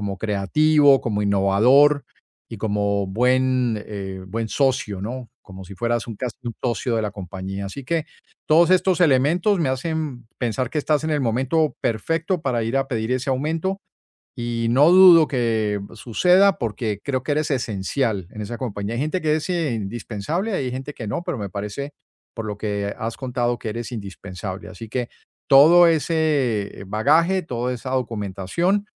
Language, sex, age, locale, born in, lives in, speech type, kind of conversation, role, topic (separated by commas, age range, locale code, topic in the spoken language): Spanish, male, 55-59, es-US, Colombia, United States, conversation, advice, advisor, ¿Por qué dudas antes de pedir un aumento o una promoción?